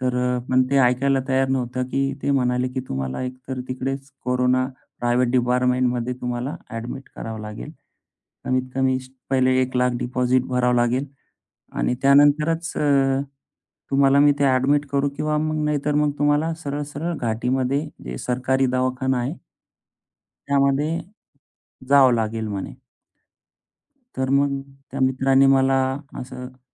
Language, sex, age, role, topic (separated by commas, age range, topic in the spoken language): Marathi, male, 35-39, guest, मित्रांनी संकटात कसा आधार दिला असा अनुभव सांगशील का?